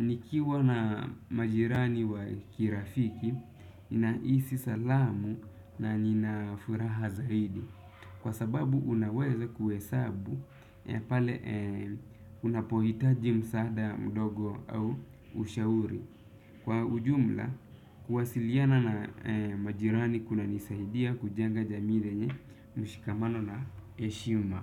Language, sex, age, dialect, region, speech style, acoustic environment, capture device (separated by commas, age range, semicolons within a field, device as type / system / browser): Swahili, male, 25-34, Kenya - Nairobi Sheng-influenced, Kenya, relaxed, silence, desktop / Windows / Chrome